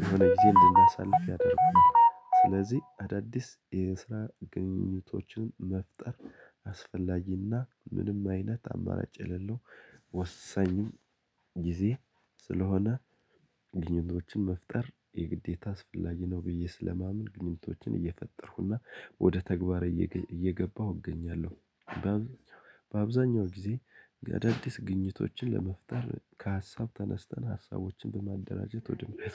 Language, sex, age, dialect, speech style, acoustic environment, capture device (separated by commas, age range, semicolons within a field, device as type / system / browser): Amharic, male, 35-44, Ethiopia - Gojjam, relaxed; happy; excited, nature, desktop / Linux / Chrome